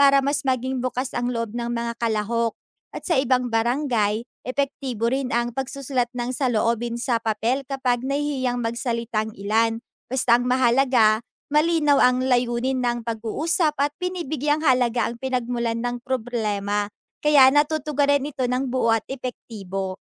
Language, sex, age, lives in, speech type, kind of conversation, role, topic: Filipino, female, 20-24, Philippines, read, expert-assistant, expert, Paano nakakatulong ang antropolohiya sa paglutas ng isyung panlipunan sa barangay?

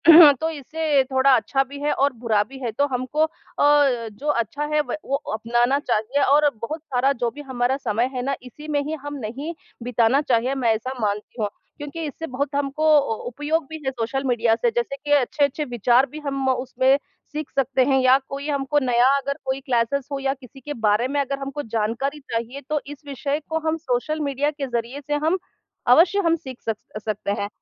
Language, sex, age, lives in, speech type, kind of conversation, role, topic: Hindi, female, 40-44, India, conversation, unstructured, none, क्या आपको लगता है कि सामाजिक माध्यमों ने हमारे रिश्तों को कैसे बदला है?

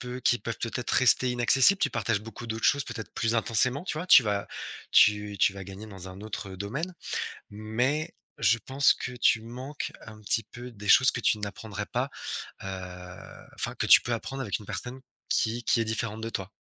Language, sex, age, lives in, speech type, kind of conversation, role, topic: French, male, 35-39, France, conversation, podcast, guest, Qu’est-ce qui fait qu’un personnage nous touche ?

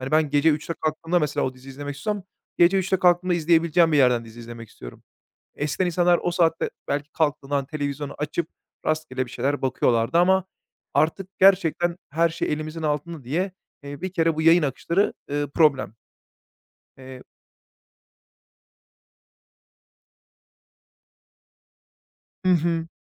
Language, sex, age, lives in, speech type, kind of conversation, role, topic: Turkish, male, 30-34, Bulgaria, conversation, podcast, guest, Bölümleri arka arkaya izleme alışkanlığı dizilerde sence neleri değiştirdi?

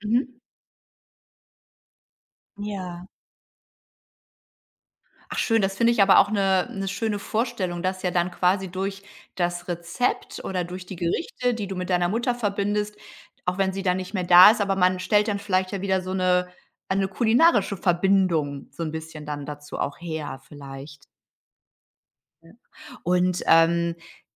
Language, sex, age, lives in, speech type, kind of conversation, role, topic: German, female, 45-49, Germany, conversation, podcast, host, Welches Gericht erinnert dich sofort an Zuhause?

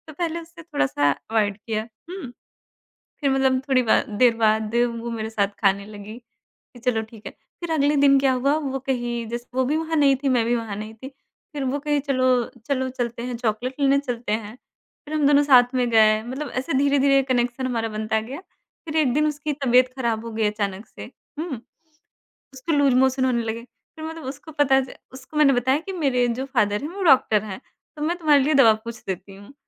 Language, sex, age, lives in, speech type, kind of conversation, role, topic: Hindi, male, 30-34, India, conversation, podcast, guest, Kisi se pehli baar milkar natural connection kaise banate ho?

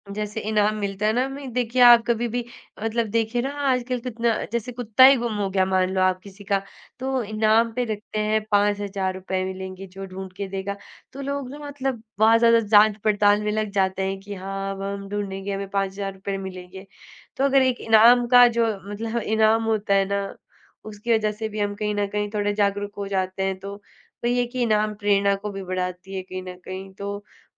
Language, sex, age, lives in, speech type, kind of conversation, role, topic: Hindi, female, 20-24, India, conversation, podcast, guest, आप स्वस्थ आदतों की दिनचर्या को लंबे समय तक टिकाऊ कैसे बनाए रखते हैं?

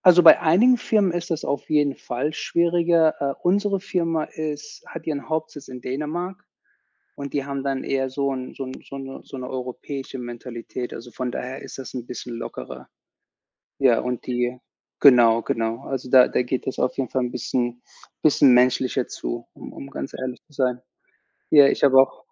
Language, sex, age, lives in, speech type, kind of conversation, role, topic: German, male, 40-44, United States, conversation, unstructured, none, Wie wichtig sind Familie und Freunde in deinem Leben?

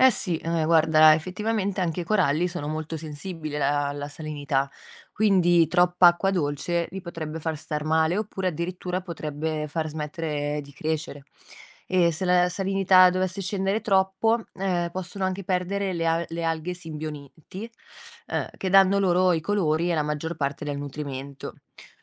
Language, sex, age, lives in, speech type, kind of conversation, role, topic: Italian, female, 25-29, Italy, read, expert-assistant, expert, Perché il ghiaccio galleggia sull’acqua?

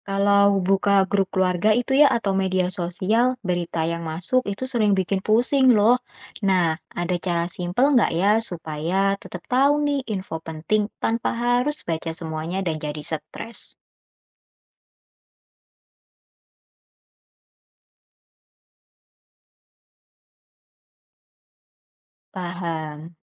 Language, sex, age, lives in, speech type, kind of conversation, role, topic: Indonesian, female, 20-24, Indonesia, read, expert-assistant, asker, Apa solusi sederhana untuk tetap mendapat informasi penting tanpa merasa kewalahan dengan banjir berita?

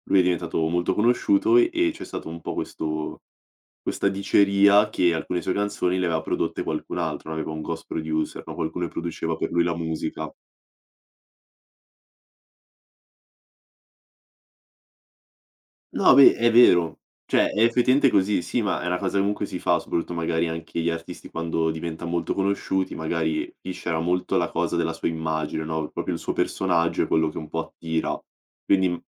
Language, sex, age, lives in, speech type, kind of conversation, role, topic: Italian, male, 18-19, Italy, conversation, podcast, guest, Quale artista ti ha cambiato il gusto musicale?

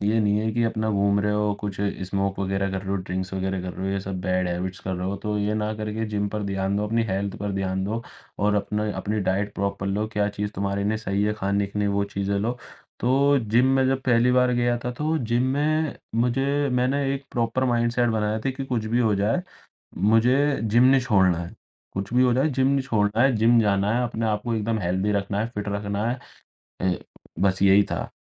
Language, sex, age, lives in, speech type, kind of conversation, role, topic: Hindi, male, 18-19, India, conversation, podcast, guest, Kaun si roz ki aadat tumne badli jisse tumhari zindagi mein waaqai farq pada?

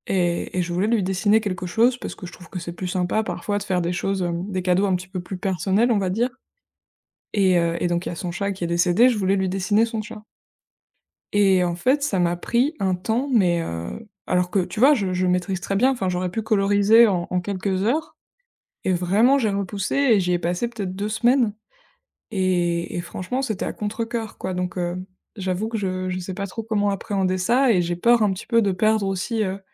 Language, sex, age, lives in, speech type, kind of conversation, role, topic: French, female, 25-29, France, conversation, advice, user, Comment surmonter une perte de motivation pour écrire ou dessiner chaque jour ?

